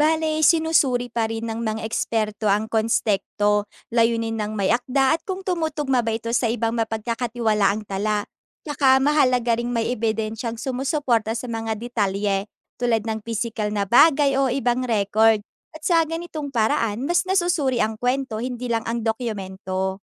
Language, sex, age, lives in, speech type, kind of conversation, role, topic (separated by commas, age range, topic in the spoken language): Filipino, female, 20-24, Philippines, read, expert-assistant, expert, Paano malalaman kung mapagkakatiwalaan ang kwento sa kasaysayan ng relihiyon?